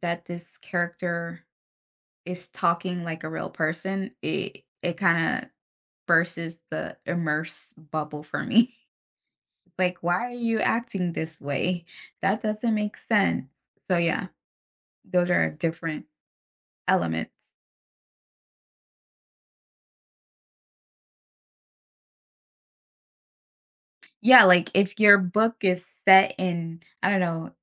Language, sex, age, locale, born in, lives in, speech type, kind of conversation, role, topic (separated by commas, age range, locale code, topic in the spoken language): English, female, 30-34, en-US, United States, United States, conversation, podcast, guest, What draws readers into a story and keeps them engaged?